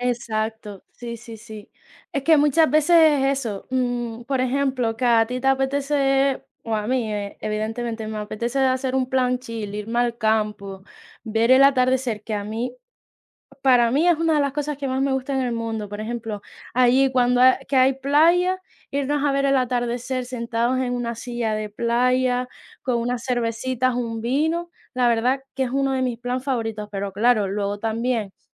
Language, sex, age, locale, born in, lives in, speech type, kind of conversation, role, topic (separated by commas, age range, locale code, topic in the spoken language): Spanish, female, 20-24, es-ES, Spain, Spain, conversation, unstructured, none, ¿Cuál es tu forma favorita de pasar tiempo con tus amigos?